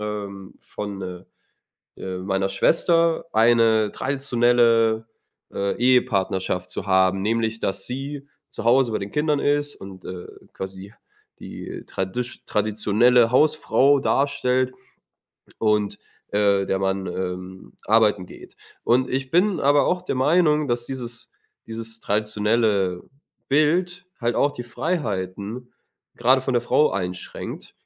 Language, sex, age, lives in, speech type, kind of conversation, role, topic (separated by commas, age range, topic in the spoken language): German, male, 25-29, Germany, conversation, advice, user, Wie kann ich meine persönliche Freiheit leben, ohne meine Kultur und Familie zu verletzen?